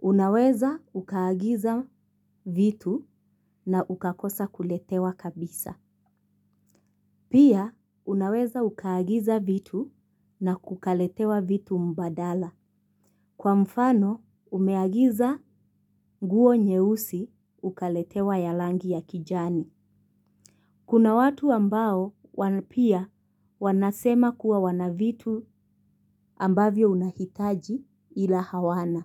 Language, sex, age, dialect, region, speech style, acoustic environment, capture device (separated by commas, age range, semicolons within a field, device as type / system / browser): Swahili, female, 25-34, Kenya - Mombasa, Kenya, focused, nature, mobile / Linux / Chrome